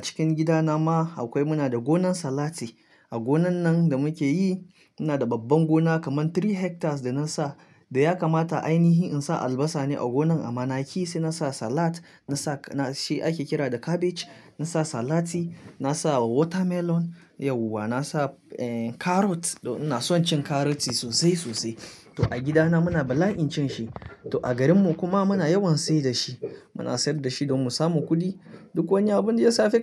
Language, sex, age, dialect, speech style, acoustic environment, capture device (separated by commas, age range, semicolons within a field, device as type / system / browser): Hausa, male, 25-34, Nigeria - Kano, relaxed, silence, mobile / Linux / Chrome